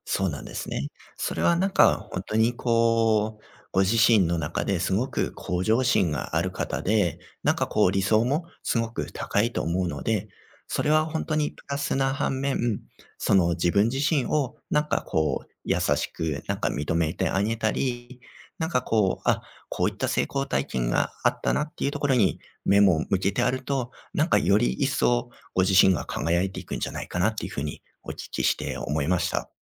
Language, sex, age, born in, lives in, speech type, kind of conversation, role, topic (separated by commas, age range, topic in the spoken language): Japanese, male, 35-39, Japan, Japan, conversation, advice, advisor, 自分をいつも責めてしまう自己否定の癖をやめるにはどうすればよいですか？